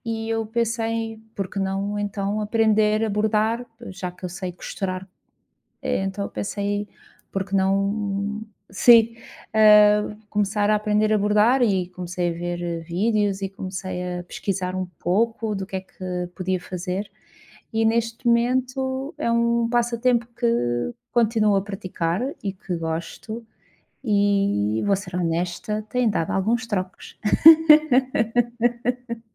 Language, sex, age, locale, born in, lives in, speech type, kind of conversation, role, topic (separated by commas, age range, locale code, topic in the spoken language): Portuguese, female, 35-39, pt-PT, Portugal, Portugal, conversation, unstructured, none, O que motiva você a continuar praticando um passatempo?